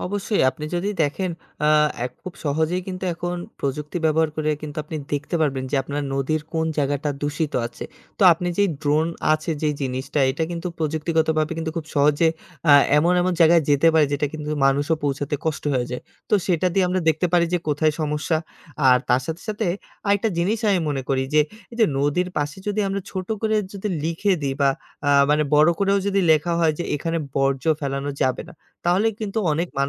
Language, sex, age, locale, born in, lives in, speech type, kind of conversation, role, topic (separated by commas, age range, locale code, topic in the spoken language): Bengali, male, 25-29, bn-BD, Bangladesh, Bangladesh, conversation, podcast, guest, তুমি কীভাবে স্থানীয় নদী পরিষ্কার করাবে?